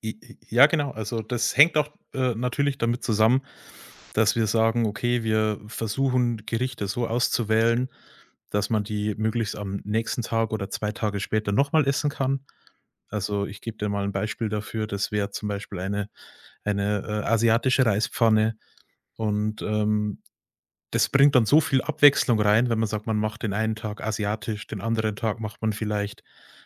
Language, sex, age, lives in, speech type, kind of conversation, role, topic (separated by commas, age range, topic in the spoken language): German, male, 30-34, Germany, conversation, podcast, guest, Wie läuft bei euch das gemeinsame Kochen ab?